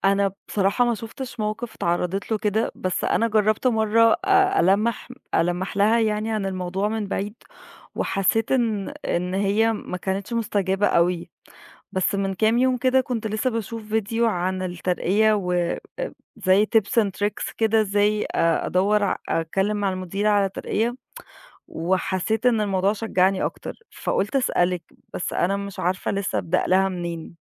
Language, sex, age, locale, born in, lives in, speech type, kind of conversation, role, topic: Arabic, female, 30-34, ar-EG, Egypt, Egypt, conversation, advice, user, إزاي أطلب ترقية وأنا شاكك إني مستحقها؟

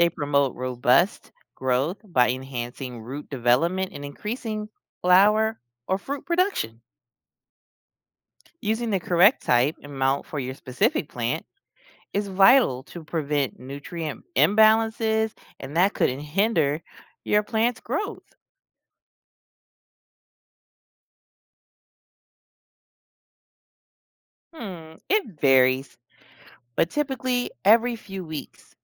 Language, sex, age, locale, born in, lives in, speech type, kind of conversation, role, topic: English, female, 40-44, en-US, United States, United States, read, expert-assistant, expert, What makes a plant grow faster?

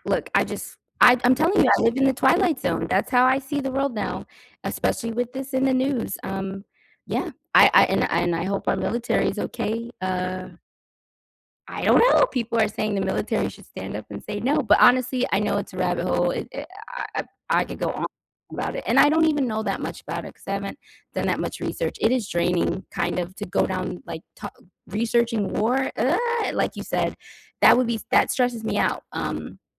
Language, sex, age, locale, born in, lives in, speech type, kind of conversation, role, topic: English, female, 40-44, en-US, United States, United States, conversation, unstructured, none, Have you ever seen a news story that changed how you see the world?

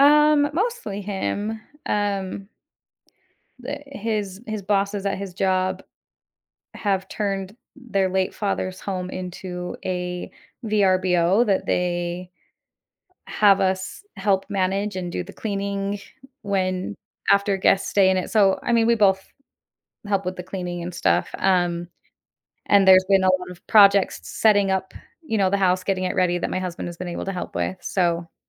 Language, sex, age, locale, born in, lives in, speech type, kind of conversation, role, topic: English, female, 35-39, en-US, United States, United States, conversation, advice, user, How can I lower my monthly expenses without missing out on things I enjoy?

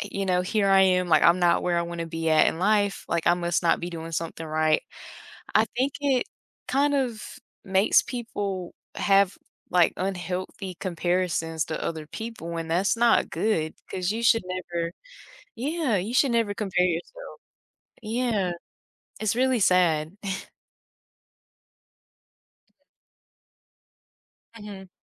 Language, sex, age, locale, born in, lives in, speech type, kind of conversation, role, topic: English, female, 20-24, en-US, United States, United States, conversation, podcast, guest, In what ways has technology influenced the way we connect and interact with others?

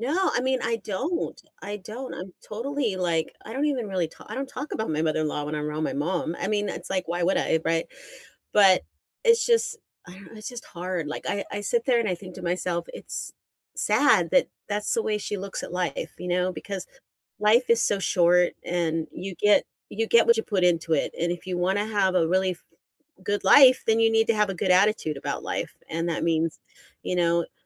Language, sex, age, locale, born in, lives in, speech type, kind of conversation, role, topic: English, female, 55-59, en-US, United States, United States, conversation, unstructured, none, How should I handle family disagreements during the holidays?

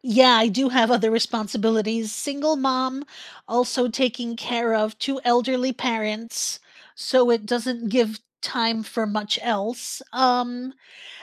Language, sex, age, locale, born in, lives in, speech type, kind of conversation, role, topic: English, female, 50-54, en-US, United States, United States, conversation, unstructured, none, If you cleared your schedule of nonessential commitments, what would you make time for over the next year?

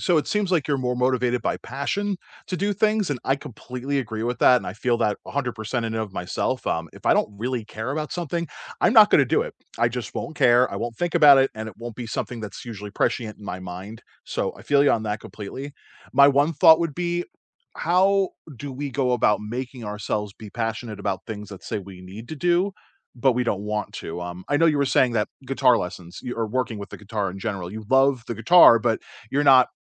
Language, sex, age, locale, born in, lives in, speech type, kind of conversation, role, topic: English, male, 35-39, en-US, United States, United States, conversation, unstructured, none, How do you stay motivated when learning something new?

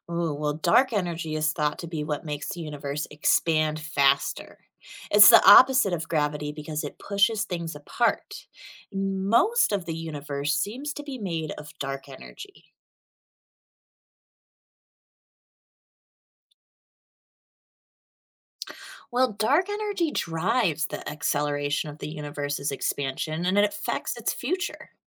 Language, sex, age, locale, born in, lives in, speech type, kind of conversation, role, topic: English, female, 30-34, en-US, United States, United States, read, expert-assistant, expert, What are dark matter and dark energy?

